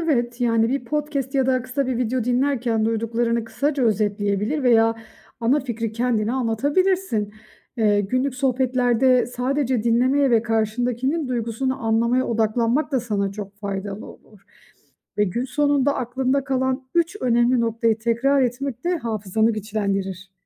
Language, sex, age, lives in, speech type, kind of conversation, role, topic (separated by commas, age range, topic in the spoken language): Turkish, female, 35-39, Austria, read, expert-assistant, expert, İlişkilerde aktif dinleme becerileri nasıl geliştirilir ve günlük hayatta hangi pratiklerle uygulanabilir?